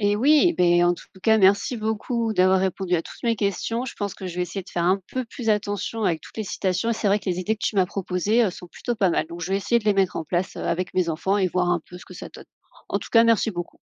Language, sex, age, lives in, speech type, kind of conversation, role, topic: French, female, 30-34, France, read, expert-assistant, asker, Les citations d’auteurs sur l’écriture circulent partout : comment savoir si elles sont vraies ou inventées ?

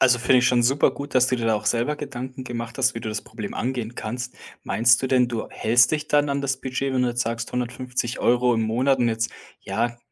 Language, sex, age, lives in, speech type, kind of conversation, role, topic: German, male, 25-29, Germany, conversation, advice, advisor, Warum kaufe ich ständig billige Sachen, die schnell kaputtgehen?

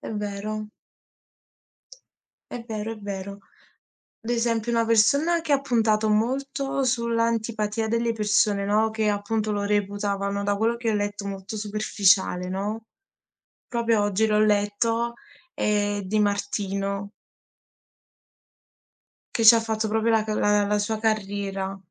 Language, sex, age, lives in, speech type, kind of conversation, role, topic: Italian, female, 20-24, Italy, conversation, unstructured, none, Pensi che i reality show siano dannosi per la società?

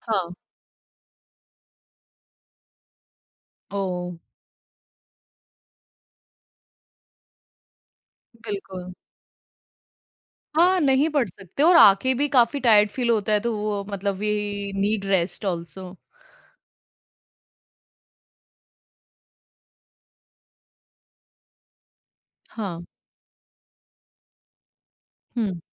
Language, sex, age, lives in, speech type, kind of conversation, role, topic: Hindi, female, 25-29, India, conversation, podcast, host, Family expectations aur apni ichha ko aap kaise balance karte ho?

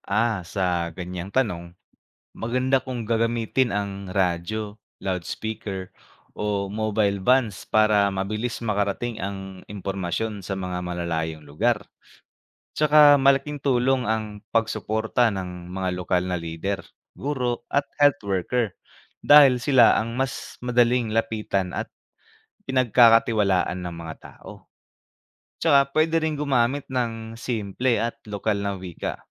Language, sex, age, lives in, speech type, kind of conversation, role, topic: Filipino, male, 25-29, Philippines, read, expert-assistant, expert, Ano ang epekto ng kakulangan ng bakuna sa kalusugan ng komunidad?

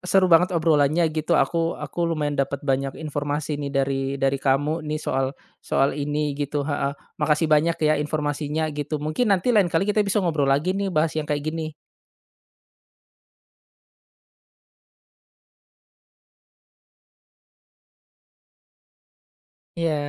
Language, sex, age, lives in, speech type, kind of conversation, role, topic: Indonesian, male, 35-39, Indonesia, conversation, podcast, host, Pernahkah kamu merasa kesepian meski dikelilingi banyak teman?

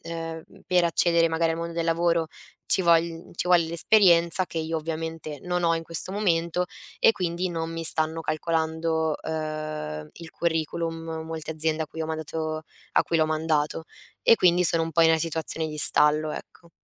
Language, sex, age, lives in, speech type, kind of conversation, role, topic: Italian, female, 20-24, Italy, conversation, advice, user, Come posso scegliere da dove iniziare quando ho troppe idee?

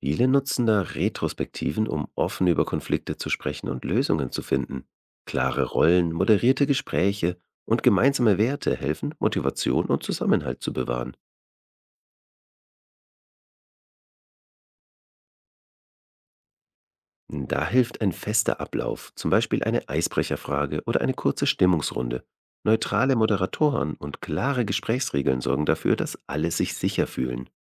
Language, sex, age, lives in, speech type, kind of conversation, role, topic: German, male, 35-39, Germany, read, expert-assistant, expert, Welche Podcasts oder Bücher geben ein ehrliches Bild davon, wie es ist, ein eigenes Unternehmen zu starten?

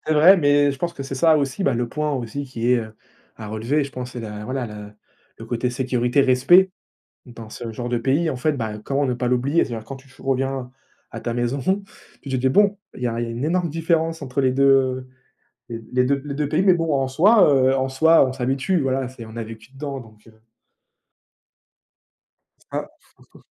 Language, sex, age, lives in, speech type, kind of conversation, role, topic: French, male, 20-24, France, conversation, unstructured, none, Qu’est-ce qui rend un voyage vraiment inoubliable ?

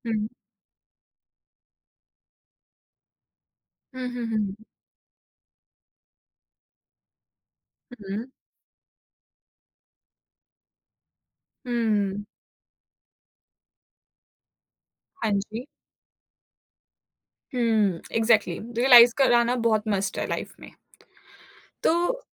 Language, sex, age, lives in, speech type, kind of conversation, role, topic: Hindi, female, 20-24, India, conversation, podcast, host, Vulnerability share karne ka decision tum kaise lete ho?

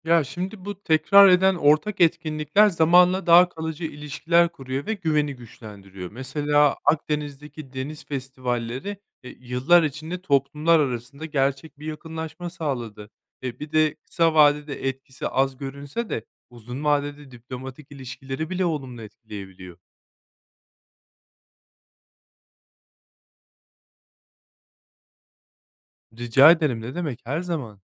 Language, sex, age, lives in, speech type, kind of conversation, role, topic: Turkish, male, 30-34, Spain, read, expert-assistant, expert, Dünyadaki tüm mercan resifleri bir anda yok olsaydı, deniz canlıları ve insan toplulukları üzerinde ne gibi sonuçlar ortaya çıkardı?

